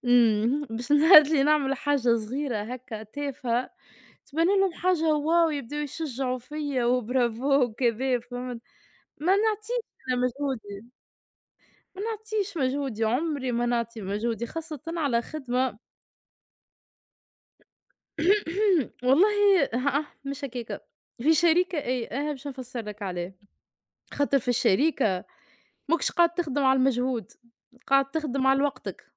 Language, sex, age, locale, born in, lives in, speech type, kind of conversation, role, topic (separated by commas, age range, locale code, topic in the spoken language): Arabic, female, 25-29, ar-TN, Tunisia, Portugal, conversation, unstructured, none, إيه النصيحة اللي ممكن تديها لحد بيبدأ شغل جديد؟